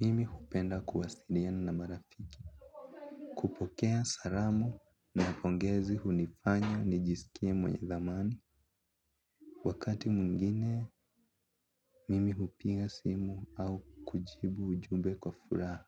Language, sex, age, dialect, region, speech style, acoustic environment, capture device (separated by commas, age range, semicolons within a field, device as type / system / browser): Swahili, male, 18-24, Kenya - Nairobi Sheng-influenced, Kenya, focused; relaxed, nature, mobile / Linux / Chrome